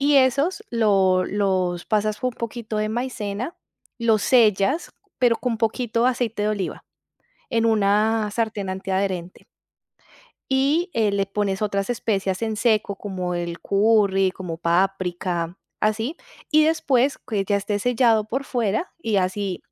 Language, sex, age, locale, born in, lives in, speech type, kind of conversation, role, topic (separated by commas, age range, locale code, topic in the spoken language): Spanish, female, 35-39, es-CO, Colombia, Italy, conversation, advice, advisor, ¿Cómo puedo manejar la culpa después de comer comida chatarra durante una semana de dieta?